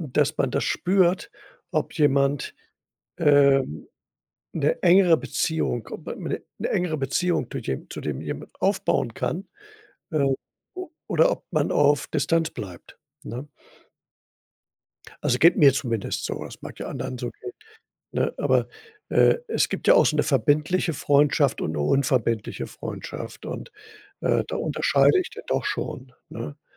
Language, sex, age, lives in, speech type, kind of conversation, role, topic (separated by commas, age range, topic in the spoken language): German, male, 65-69, Germany, conversation, podcast, guest, Was macht für dich eine gute Freundschaft aus?